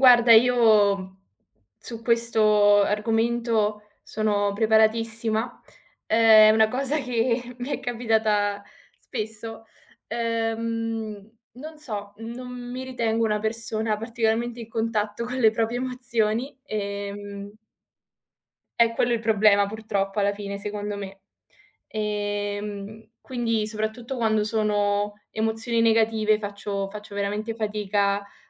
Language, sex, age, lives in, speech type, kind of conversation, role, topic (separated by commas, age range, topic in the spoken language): Italian, female, 20-24, Italy, conversation, unstructured, none, Come possiamo convincere le persone a non ignorare i segnali d’allarme emotivi?